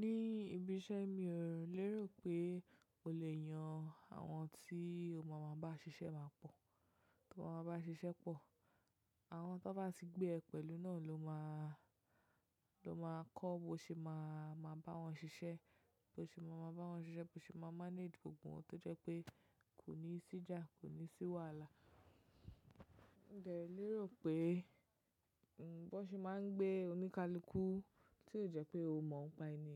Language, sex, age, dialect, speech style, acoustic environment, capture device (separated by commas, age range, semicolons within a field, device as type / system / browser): Yoruba, female, 25-34, Nigeria - Lagos Yoruba, relaxed, silence, mobile / macOS / Safari